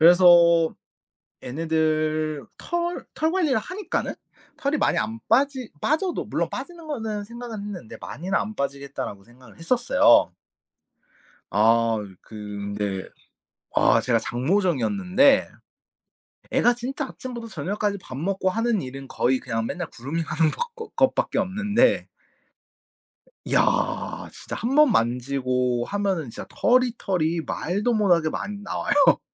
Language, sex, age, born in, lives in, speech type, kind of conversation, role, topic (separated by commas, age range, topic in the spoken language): Korean, male, 25-29, South Korea, Japan, conversation, podcast, guest, 반려동물과 친해지는 방법은 무엇인가요?